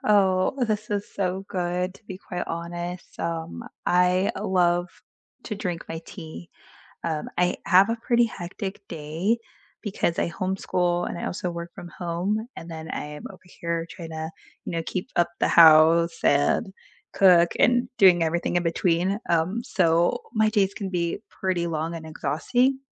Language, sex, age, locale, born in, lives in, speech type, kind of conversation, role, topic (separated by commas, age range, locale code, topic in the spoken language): English, female, 30-34, en-US, United States, United States, conversation, unstructured, none, What routine helps you unwind after a long day?